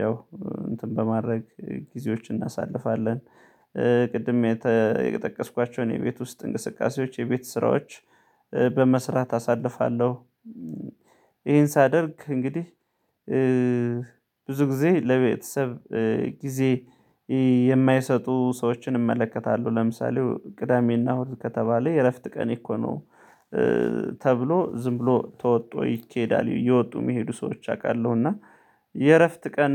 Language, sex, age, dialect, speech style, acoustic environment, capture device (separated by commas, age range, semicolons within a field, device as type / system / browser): Amharic, male, 35-44, Ethiopia - Gondar, relaxed; happy, nature; silence, mobile / Linux / Chrome